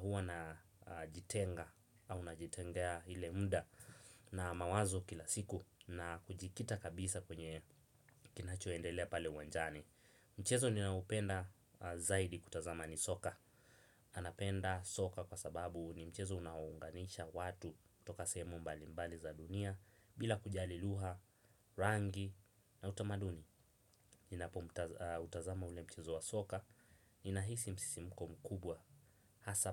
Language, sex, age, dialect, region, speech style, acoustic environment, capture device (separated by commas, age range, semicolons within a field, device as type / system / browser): Swahili, male, 18-24, Kenya - Mombasa, Kenya, nervous, nature, desktop / Windows / Chrome